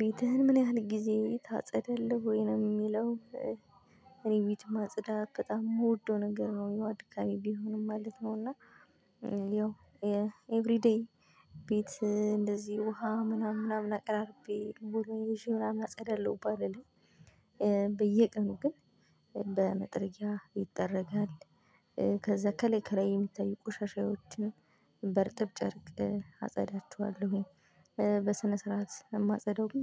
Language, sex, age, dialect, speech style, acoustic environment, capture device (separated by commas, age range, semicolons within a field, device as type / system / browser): Amharic, female, 25-34, Ethiopia - Gojjam, happy; relaxed, silence, mobile / Linux / Chrome